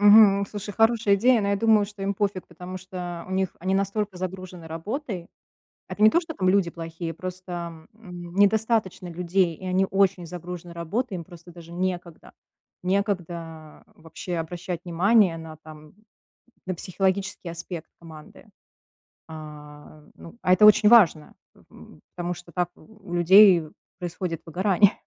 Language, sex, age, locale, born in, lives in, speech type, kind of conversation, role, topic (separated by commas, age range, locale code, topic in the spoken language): Russian, female, 35-39, ru-RU, Russia, United States, conversation, advice, user, Как понять, что у меня профессиональное выгорание и пора менять работу?